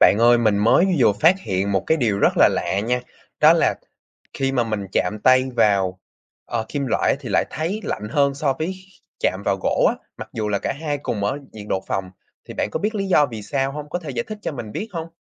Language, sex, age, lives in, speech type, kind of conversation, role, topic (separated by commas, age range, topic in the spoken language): Vietnamese, male, 20-24, Vietnam, read, expert-assistant, asker, Vì sao khi sờ vào kim loại lại cảm thấy lạnh hơn so với gỗ?